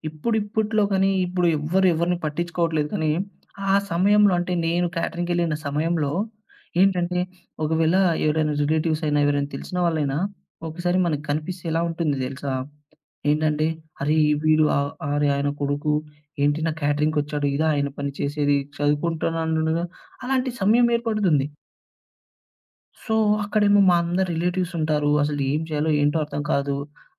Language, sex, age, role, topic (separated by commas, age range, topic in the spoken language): Telugu, male, 20-24, guest, పనిలో సంతోషం మీకు ఎంత ముఖ్యమైంది?